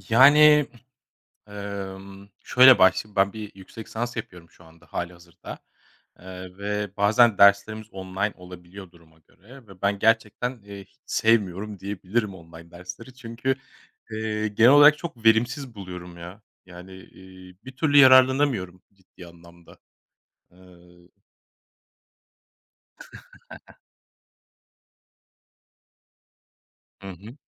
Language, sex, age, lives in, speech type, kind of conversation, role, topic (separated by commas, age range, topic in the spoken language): Turkish, male, 35-39, Germany, conversation, podcast, guest, Online dersler hakkında ne düşünüyorsun, işe yarıyor mu sence?